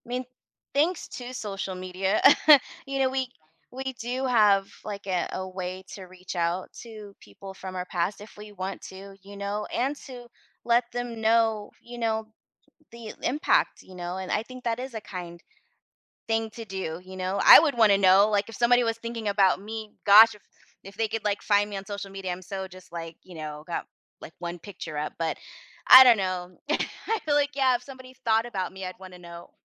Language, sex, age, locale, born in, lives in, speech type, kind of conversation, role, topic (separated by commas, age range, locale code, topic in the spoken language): English, female, 40-44, en-US, United States, United States, conversation, advice, user, How can I tell my first love I still have feelings?